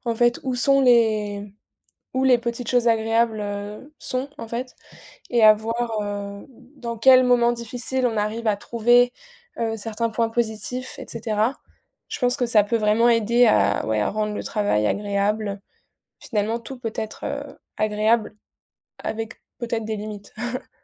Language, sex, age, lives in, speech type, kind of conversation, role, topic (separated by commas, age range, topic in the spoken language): French, female, 20-24, France, conversation, unstructured, none, Comment trouves-tu du plaisir dans les petites choses ?